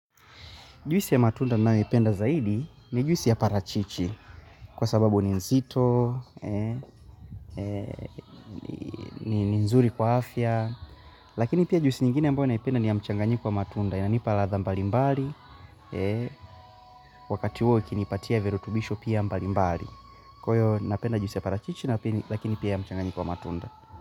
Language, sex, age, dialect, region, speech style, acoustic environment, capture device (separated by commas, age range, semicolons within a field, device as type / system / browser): Swahili, male, 18-24, Tanzania - Dar es Salaam, Tanzania, focused, nature; appliances; people talking; music, mobile / Linux / Chrome